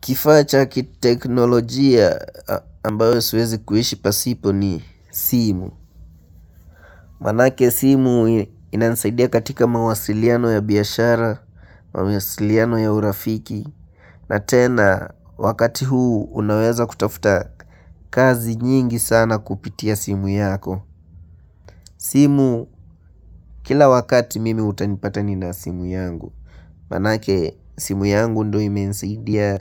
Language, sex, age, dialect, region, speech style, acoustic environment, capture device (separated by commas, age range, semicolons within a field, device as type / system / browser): Swahili, male, 18-24, Kenya - Nairobi Sheng-influenced, Kenya, happy, silence, mobile / Linux / Chrome